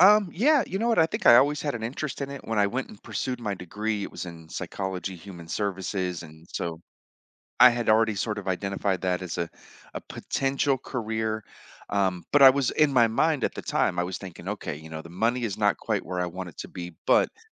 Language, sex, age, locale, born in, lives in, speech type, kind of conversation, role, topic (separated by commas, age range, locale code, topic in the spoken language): English, male, 45-49, en-US, United States, United States, conversation, podcast, guest, How have difficult experiences shaped your professional journey?